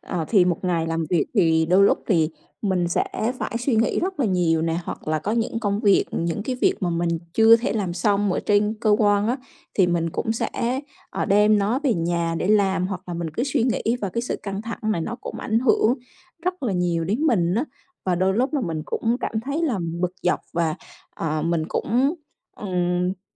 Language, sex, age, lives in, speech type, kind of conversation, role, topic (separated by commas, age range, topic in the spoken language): Vietnamese, female, 35-39, Vietnam, conversation, podcast, guest, Bạn giữ cân bằng giữa công việc và cuộc sống như thế nào?